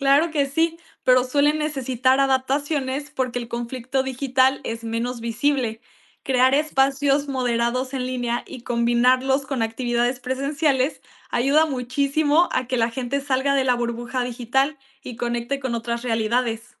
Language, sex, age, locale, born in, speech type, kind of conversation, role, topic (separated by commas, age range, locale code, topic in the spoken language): Spanish, female, 18-19, es-MX, Mexico, read, expert-assistant, expert, ¿Qué pasaría si los medios tradicionales desaparecieran y solo existieran redes sociales para informarnos?